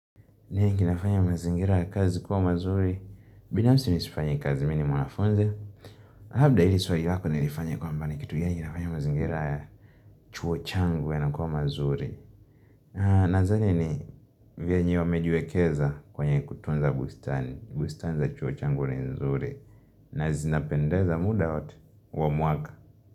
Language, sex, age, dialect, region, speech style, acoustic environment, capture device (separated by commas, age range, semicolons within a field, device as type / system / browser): Swahili, male, 18-24, Tanzania - Dar es Salaam, Tanzania, relaxed, nature, mobile / Linux / Chrome